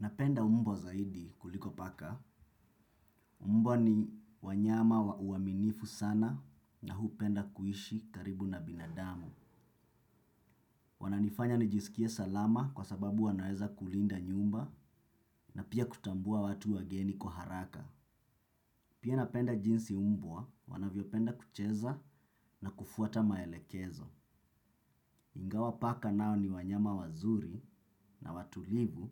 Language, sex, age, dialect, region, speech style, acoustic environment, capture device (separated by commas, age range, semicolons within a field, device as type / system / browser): Swahili, male, 25-34, Kenya - Mombasa, Kenya, relaxed; focused, silence; nature; people talking, desktop / Windows / Chrome